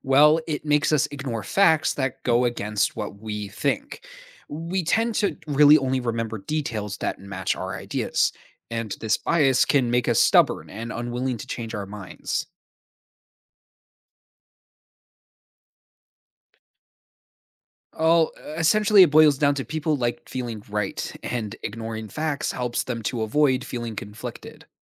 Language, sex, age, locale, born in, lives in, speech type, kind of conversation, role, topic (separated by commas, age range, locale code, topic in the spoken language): English, male, 20-24, en-US, United States, United States, read, expert-assistant, expert, What are common cognitive biases in decision-making?